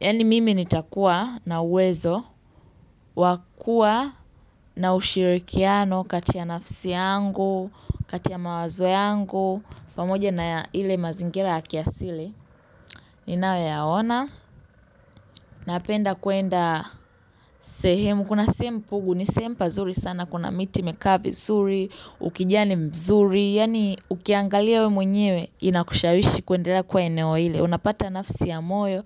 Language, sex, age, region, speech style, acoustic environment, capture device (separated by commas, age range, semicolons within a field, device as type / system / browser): Swahili, female, 18-24, Tanzania, happy, nature, mobile / Linux / Chrome